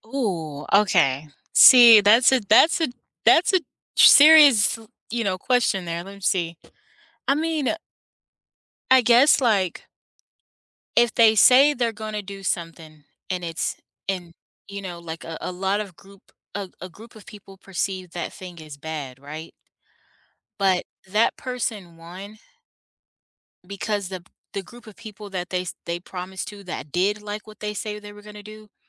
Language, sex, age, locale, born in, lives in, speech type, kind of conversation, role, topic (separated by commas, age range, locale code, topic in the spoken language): English, female, 30-34, en-US, United States, United States, conversation, unstructured, none, What frustrates you most about how politicians handle promises?